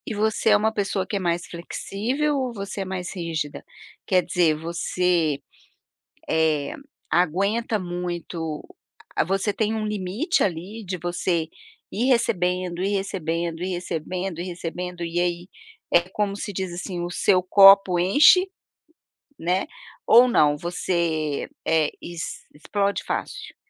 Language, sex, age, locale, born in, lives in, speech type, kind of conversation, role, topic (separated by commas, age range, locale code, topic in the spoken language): Portuguese, female, 55-59, pt-BR, Brazil, United States, conversation, podcast, host, Como comunicar limites de forma assertiva sem parecer agressivo?